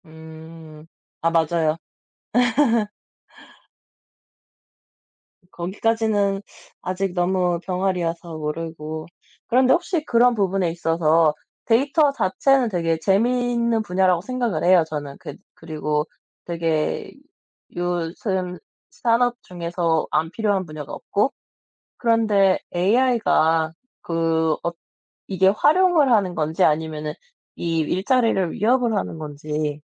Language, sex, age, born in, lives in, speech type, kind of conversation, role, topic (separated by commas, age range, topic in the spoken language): Korean, male, 30-34, South Korea, France, conversation, unstructured, none, 기술이 바꿔 놓은 일상의 변화 중에서 가장 놀라운 것은 무엇인가요?